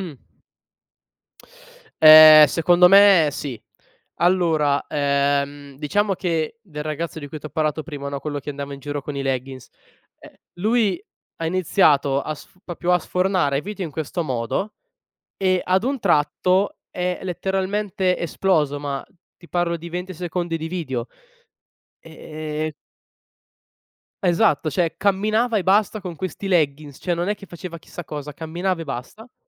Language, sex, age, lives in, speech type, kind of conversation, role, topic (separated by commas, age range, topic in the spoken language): Italian, male, 20-24, Italy, conversation, podcast, guest, Perché certi trend esplodono all'improvviso?